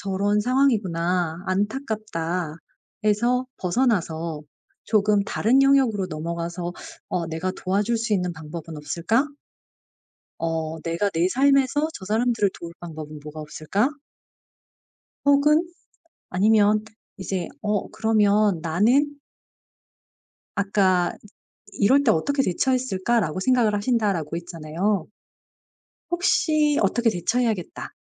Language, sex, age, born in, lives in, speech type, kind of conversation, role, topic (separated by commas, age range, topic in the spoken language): Korean, female, 40-44, South Korea, South Korea, conversation, advice, advisor, 통제할 수 없는 사건들 때문에 자주 무력감을 느끼는데, 어떻게 하면 좋을까요?